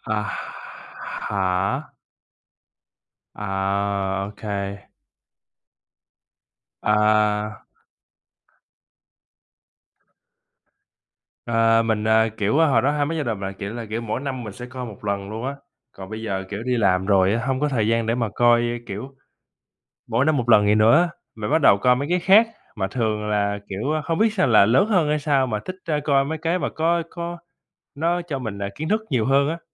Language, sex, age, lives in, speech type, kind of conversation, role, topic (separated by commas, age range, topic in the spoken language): Vietnamese, male, 25-29, United States, conversation, unstructured, none, Bạn nghĩ phim ảnh ảnh hưởng như thế nào đến cảm xúc của bạn?